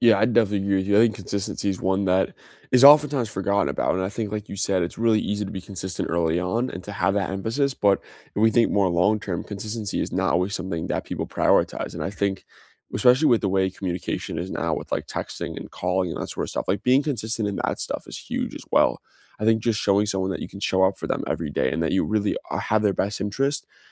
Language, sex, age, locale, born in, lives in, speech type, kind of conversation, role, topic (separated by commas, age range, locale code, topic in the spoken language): English, male, 20-24, en-US, United States, United States, conversation, unstructured, none, What makes a relationship last?